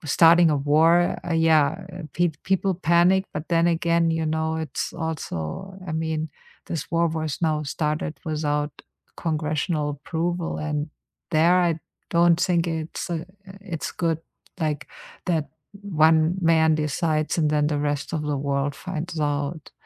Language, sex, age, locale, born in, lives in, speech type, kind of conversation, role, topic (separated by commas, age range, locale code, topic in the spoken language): English, female, 50-54, en-DE, Germany, United States, conversation, unstructured, none, Why is transparency important in government?